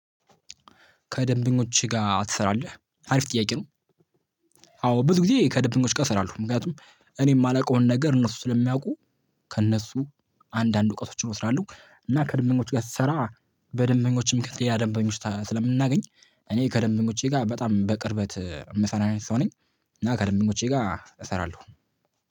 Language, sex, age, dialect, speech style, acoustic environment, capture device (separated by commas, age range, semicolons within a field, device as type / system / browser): Amharic, male, 18-24, Ethiopia - Addis Ababa, excited, silence, mobile / Linux / Chrome